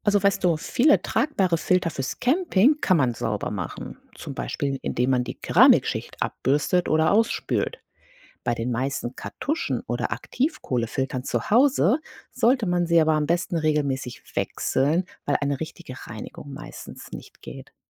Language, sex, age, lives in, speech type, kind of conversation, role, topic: German, female, 40-44, United States, read, expert-assistant, expert, Wie funktioniert ein Wasserfilter?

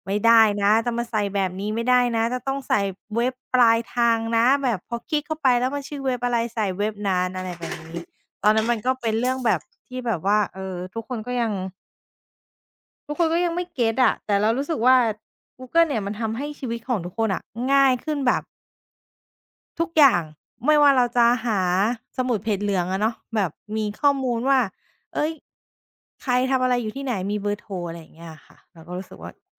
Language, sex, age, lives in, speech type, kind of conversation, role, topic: Thai, female, 30-34, Thailand, conversation, podcast, guest, เทคโนโลยีเปลี่ยนชีวิตคุณไปอย่างไรบ้าง?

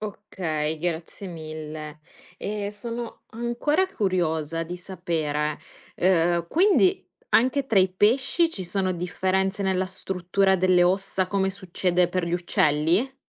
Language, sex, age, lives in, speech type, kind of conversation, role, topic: Italian, female, 25-29, Italy, read, expert-assistant, asker, Come si classificano gli animali in base alle loro caratteristiche?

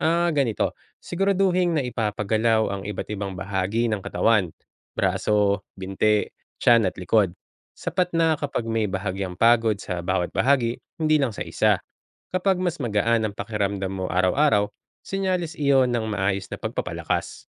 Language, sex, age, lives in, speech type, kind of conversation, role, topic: Filipino, male, 35-39, Philippines, read, expert-assistant, expert, Anong estratehiya ang puwedeng gamitin kapag limitado ang budget para sa gym o kagamitan, pero gusto mo pa ring mag-strength training nang epektibo?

